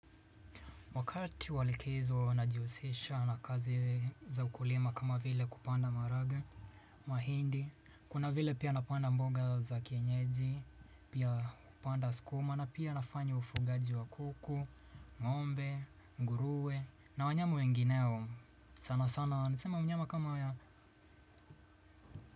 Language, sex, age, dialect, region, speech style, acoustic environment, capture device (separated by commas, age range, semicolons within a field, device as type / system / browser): Swahili, male, 25-34, Kenya - Mombasa, Kenya, happy; nervous, silence, desktop / Windows / Chrome